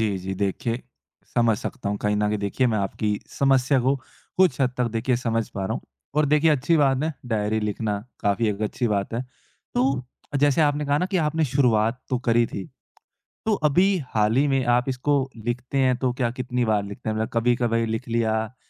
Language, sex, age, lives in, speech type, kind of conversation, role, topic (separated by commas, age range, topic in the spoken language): Hindi, male, 20-24, India, conversation, advice, advisor, रोज़ाना डायरी लिखने की आदत नियमित कैसे बनाऊँ?